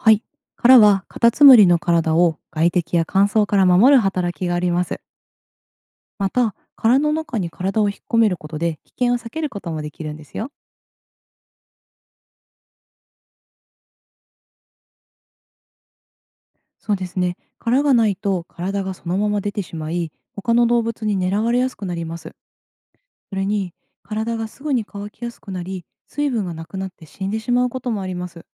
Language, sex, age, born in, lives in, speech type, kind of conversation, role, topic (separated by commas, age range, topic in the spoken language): Japanese, female, 30-34, Japan, Japan, read, expert-assistant, expert, なぜカタツムリは殻を持っているのか説明してください。